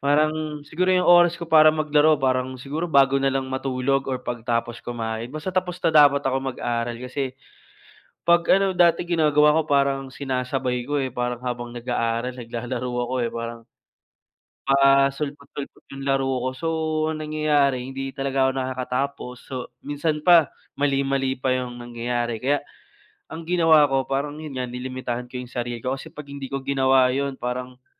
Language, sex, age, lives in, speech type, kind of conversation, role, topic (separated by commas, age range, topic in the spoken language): Filipino, male, 25-29, Philippines, conversation, unstructured, none, Paano nakatutulong ang teknolohiya sa pag-aaral mo?